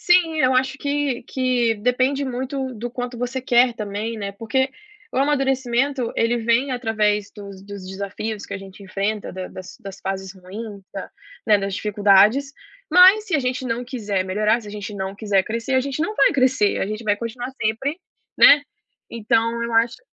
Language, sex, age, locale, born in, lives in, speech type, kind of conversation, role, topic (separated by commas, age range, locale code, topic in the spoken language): Portuguese, female, 25-29, pt-BR, Brazil, United States, conversation, podcast, guest, Como você lida com arrependimentos das suas escolhas?